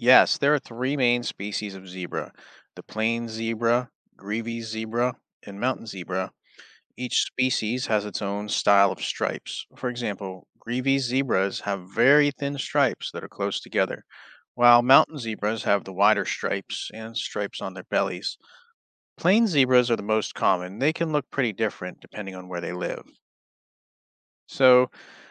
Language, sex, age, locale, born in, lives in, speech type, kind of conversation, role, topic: English, male, 35-39, en-US, United States, United States, read, expert-assistant, expert, What is the purpose and significance of zebra stripes?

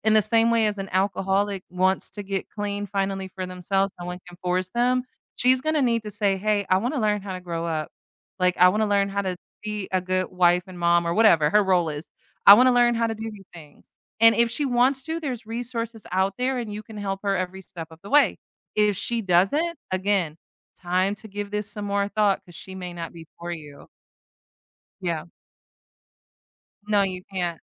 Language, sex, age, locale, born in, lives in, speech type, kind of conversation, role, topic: English, female, 30-34, en-US, United States, United States, conversation, advice, advisor, How can I stop feeling stuck in a cycle of stress?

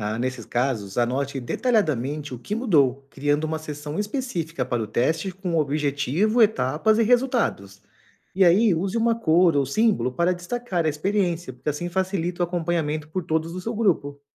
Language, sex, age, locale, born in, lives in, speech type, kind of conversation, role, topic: Portuguese, male, 35-39, pt-BR, Brazil, Portugal, read, expert-assistant, expert, Quais práticas simples e acessíveis ajudam a acompanhar o desenvolvimento das culturas sem precisar de equipamentos caros?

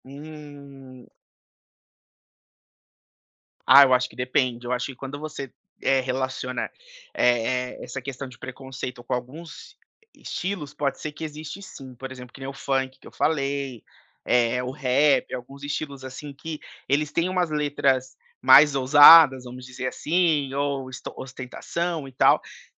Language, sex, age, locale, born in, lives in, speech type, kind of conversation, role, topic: Portuguese, male, 30-34, pt-BR, Brazil, United States, conversation, podcast, guest, Como a música muda seu humor no dia a dia?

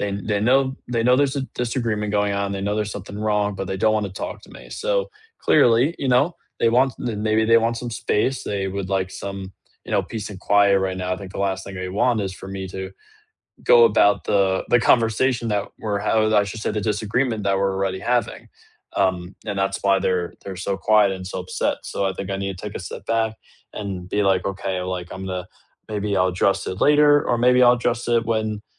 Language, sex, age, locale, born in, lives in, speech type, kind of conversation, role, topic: English, male, 18-19, en-US, United States, United States, conversation, unstructured, none, What’s the best way to handle disagreements with friends?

